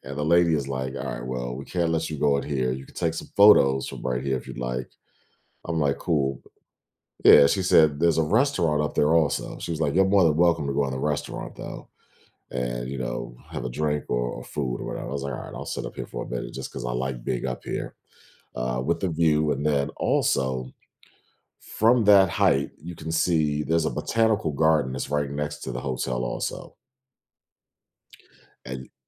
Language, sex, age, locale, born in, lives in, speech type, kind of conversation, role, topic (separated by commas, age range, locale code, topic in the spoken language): English, male, 40-44, en-US, United States, United States, conversation, podcast, guest, How can travel shape the way we see ourselves and the world?